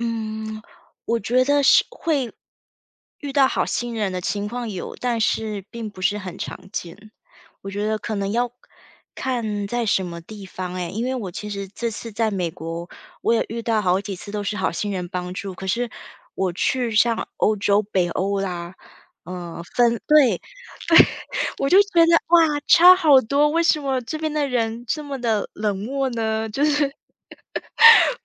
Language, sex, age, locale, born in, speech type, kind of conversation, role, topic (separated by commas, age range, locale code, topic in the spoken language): Chinese, female, 35-39, zh-TW, Taiwan, conversation, podcast, guest, 你在本地有没有遇到过热心的陌生人？